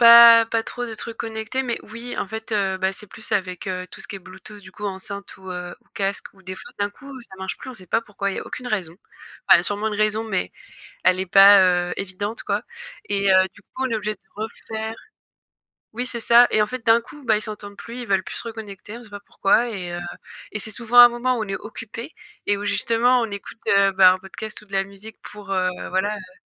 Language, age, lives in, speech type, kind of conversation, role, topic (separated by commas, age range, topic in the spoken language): French, 25-29, France, conversation, unstructured, none, Qu’est-ce qui te frustre le plus avec la technologie au quotidien ?